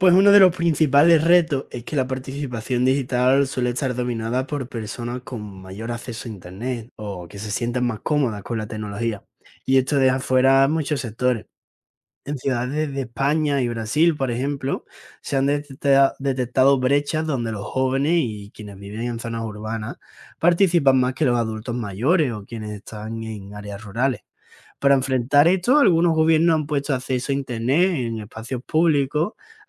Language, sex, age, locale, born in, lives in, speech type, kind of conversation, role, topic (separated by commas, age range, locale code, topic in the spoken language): Spanish, male, 20-24, es-ES, Spain, Spain, read, expert-assistant, expert, ¿Cómo puede la participación ciudadana digital y presencial mejorar las decisiones públicas?